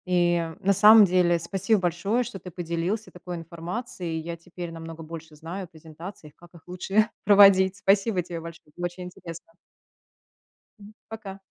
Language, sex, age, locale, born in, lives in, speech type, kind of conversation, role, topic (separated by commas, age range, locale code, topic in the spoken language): Russian, female, 35-39, ru-RU, Russia, United States, conversation, podcast, host, Что делает презентацию вашей работы запоминающейся?